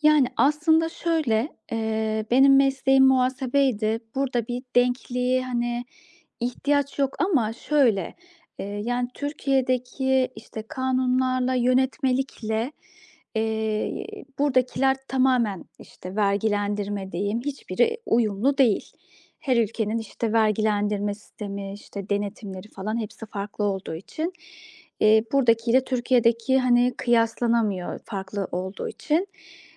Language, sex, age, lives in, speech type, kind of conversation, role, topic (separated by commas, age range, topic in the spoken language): Turkish, female, 35-39, Austria, conversation, advice, user, Sürekli erteleme döngüsünü kırarak yeni bir projeye nasıl başlayabilirim?